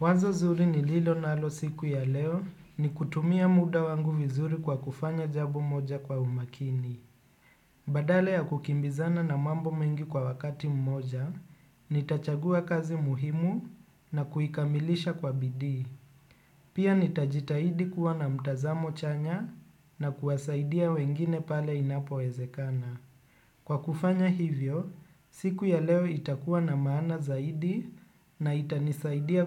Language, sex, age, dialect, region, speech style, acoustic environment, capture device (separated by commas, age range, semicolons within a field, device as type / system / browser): Swahili, male, 25-34, Kenya - Nairobi Sheng-influenced, Kenya, relaxed, nature; appliances, desktop / Windows / Chrome